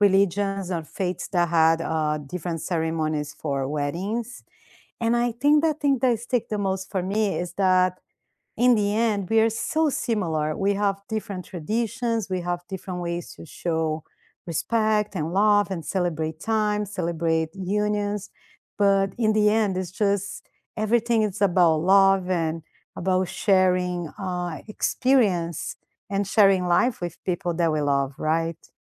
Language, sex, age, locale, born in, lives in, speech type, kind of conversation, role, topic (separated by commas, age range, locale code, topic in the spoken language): English, female, 45-49, en-BR, Brazil, United States, conversation, unstructured, none, How do different cultures celebrate important life events?